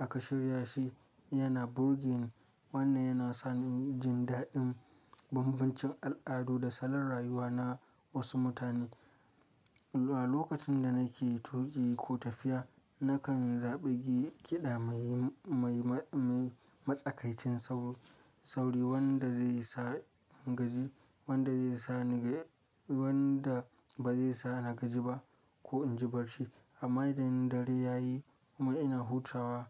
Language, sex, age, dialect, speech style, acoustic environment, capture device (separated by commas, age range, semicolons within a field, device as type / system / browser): Hausa, male, 25-34, Nigeria - Kano, relaxed; focused, silence, mobile / Linux / Chrome